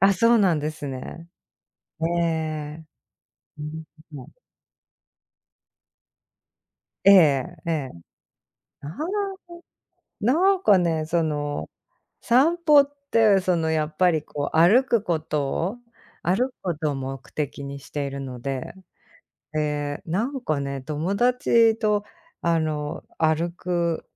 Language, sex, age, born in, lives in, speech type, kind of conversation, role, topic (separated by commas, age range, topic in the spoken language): Japanese, female, 45-49, Japan, United States, conversation, unstructured, none, 散歩は一人で静かに楽しむのが好きですか、それとも友人とおしゃべりしながら歩くのが好きですか？